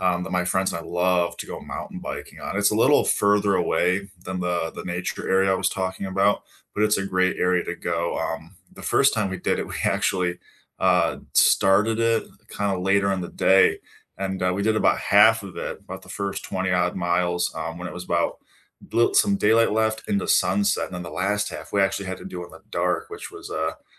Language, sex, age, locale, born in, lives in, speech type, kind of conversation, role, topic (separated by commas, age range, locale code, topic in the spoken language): English, male, 25-29, en-US, United States, United States, conversation, unstructured, none, What local places do you most enjoy showing visitors in your town?